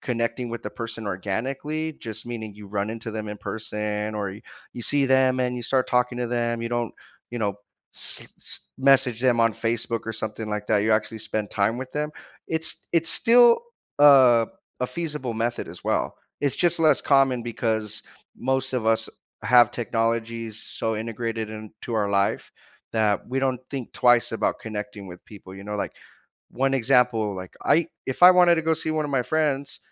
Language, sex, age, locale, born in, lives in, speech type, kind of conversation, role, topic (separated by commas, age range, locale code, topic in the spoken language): English, male, 30-34, en-US, United States, United States, conversation, unstructured, none, How do you build and maintain meaningful friendships as an adult so you feel more connected?